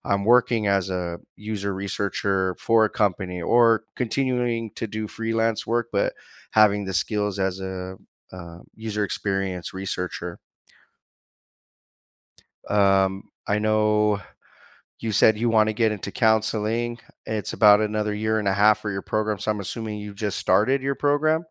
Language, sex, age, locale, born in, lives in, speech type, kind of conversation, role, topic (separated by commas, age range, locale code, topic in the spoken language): English, male, 30-34, en-US, United States, United States, conversation, unstructured, none, How has gig work shaped your path toward a steadier, fulfilling career?